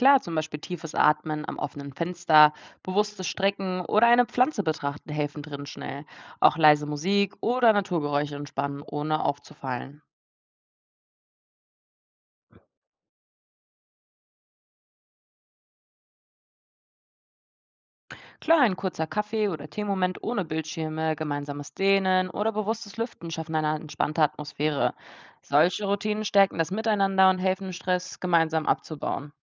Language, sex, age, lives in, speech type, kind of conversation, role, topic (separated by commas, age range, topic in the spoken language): German, female, 20-24, Germany, read, expert-assistant, expert, Wie könnten wir unsere täglichen Routinen anders gestalten, damit sie unserem seelischen Wohlbefinden besser helfen?